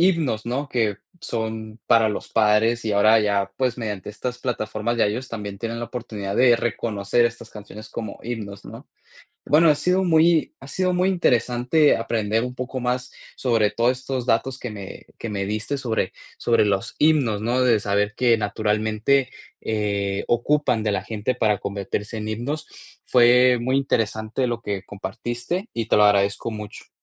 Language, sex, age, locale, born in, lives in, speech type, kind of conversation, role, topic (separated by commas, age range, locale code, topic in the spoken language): Spanish, male, 20-24, es-MX, Mexico, United States, conversation, podcast, host, ¿Por qué crees que algunas canciones se convierten en himnos populares?